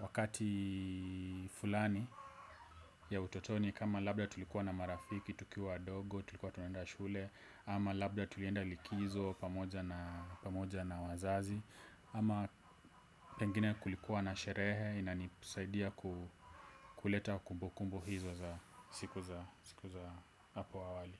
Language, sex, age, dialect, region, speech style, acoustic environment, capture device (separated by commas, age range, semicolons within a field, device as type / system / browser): Swahili, male, 25-34, Kenya - Nairobi Sheng-influenced, Kenya, relaxed, silence, desktop / Windows / Edge